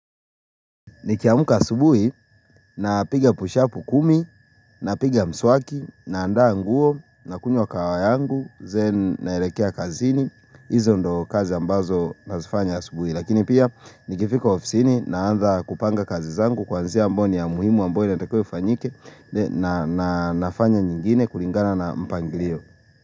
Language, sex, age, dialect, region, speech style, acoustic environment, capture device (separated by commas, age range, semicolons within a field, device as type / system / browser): Swahili, male, 25-34, Kenya - Nairobi Sheng-influenced, Kenya, happy, silence, mobile / Linux / Chrome